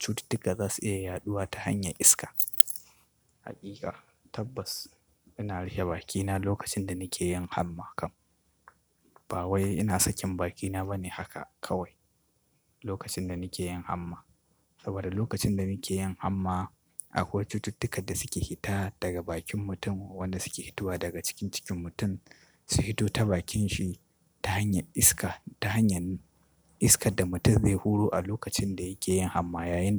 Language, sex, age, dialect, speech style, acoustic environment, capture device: Hausa, male, 25-34, Nigeria - Katsina, stressed, appliances, desktop / Linux / Chrome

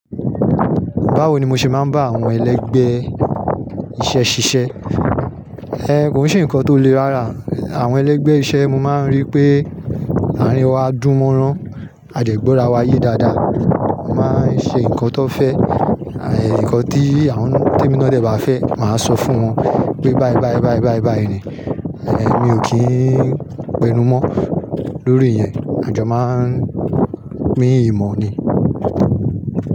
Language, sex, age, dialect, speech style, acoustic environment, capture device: Yoruba, male, 35-44, Nigeria - Nigerian Standard, happy; nervous; excited, people talking; nature, mobile / Linux / Chrome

